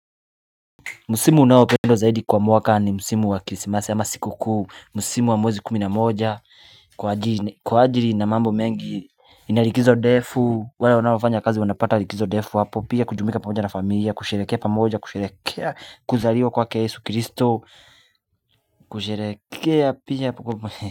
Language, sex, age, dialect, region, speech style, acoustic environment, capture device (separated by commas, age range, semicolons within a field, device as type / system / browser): Swahili, male, 25-34, Kenya - Nairobi Sheng-influenced, Kenya, focused, appliances, mobile / Linux / Chrome